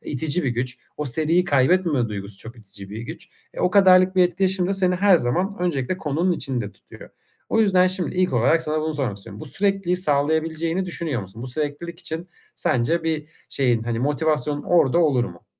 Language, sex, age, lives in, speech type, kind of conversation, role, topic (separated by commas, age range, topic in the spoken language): Turkish, male, 25-29, Germany, conversation, advice, advisor, Motivasyonu uzun süre nasıl yüksek tutabilirim ve düşmesini nasıl önleyebilirim?